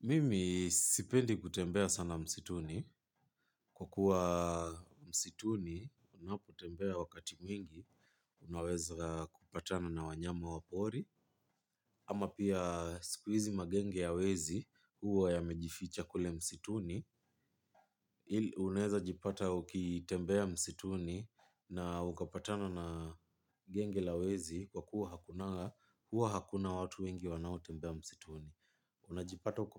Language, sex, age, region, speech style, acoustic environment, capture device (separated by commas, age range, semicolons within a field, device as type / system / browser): Swahili, male, 25-34, Kenya, relaxed; focused, silence, mobile / Linux / Chrome